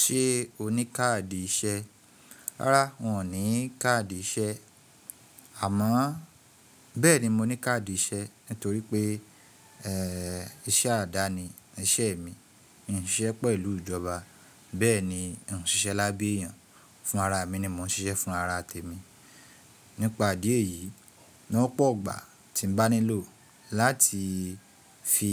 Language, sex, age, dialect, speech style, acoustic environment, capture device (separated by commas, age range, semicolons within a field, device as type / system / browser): Yoruba, male, 25-34, Nigeria - Ibadan, relaxed, appliances, mobile / Linux / Chrome